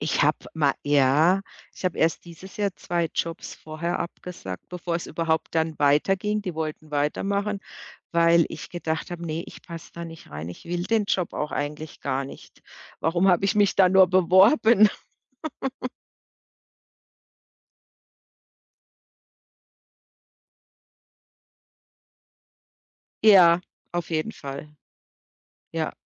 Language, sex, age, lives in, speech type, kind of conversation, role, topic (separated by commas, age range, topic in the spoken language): German, female, 55-59, Germany, conversation, podcast, guest, Wie triffst du deine Entscheidung, wenn du zwischen zwei Jobangeboten wählen musst?